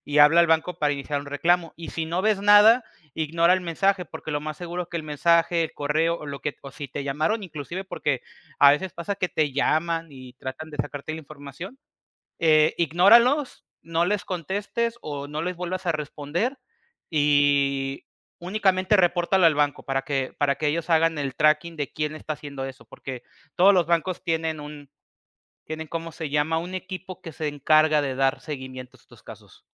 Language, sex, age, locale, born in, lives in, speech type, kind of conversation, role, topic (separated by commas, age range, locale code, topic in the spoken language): Spanish, male, 25-29, es-MX, Mexico, Mexico, conversation, podcast, guest, ¿Cómo te proteges del fraude y del phishing en internet?